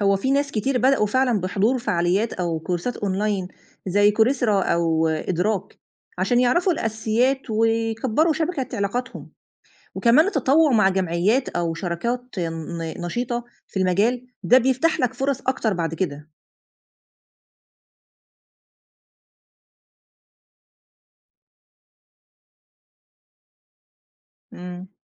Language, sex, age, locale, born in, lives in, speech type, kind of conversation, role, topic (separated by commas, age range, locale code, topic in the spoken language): Arabic, female, 35-39, ar-EG, Egypt, Egypt, read, expert-assistant, expert, لو بفكر أغير شغلي أو أسلوبي في الحياة عشان أبقى أكتر صديق للبيئة، أبدأ منين وإزاي أكون مؤثر بجد؟